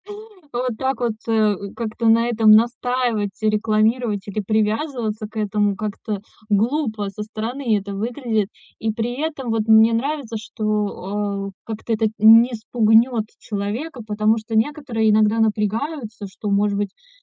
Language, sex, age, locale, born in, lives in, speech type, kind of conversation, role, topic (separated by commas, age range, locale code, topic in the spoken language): Russian, female, 30-34, ru-RU, Russia, Estonia, conversation, advice, user, Как справляться со сложностями на вечеринках и посиделках с друзьями?